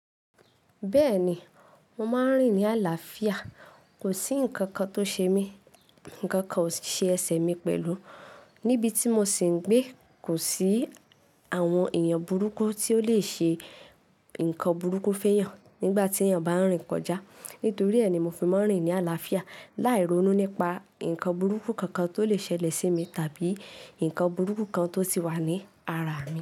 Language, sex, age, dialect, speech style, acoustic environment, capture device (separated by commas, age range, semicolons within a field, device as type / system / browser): Yoruba, female, 18-24, Nigeria - Ibadan, focused, silence, mobile / Linux / Chrome